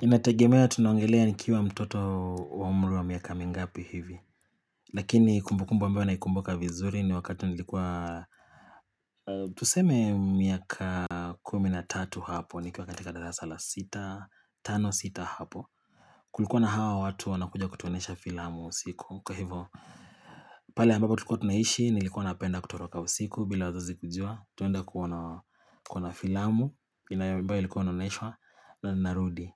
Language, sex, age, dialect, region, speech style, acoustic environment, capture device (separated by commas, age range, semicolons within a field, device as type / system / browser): Swahili, male, 25-34, Kenya - Nairobi Sheng-influenced, Kenya, tired, silence, mobile / Linux / Chrome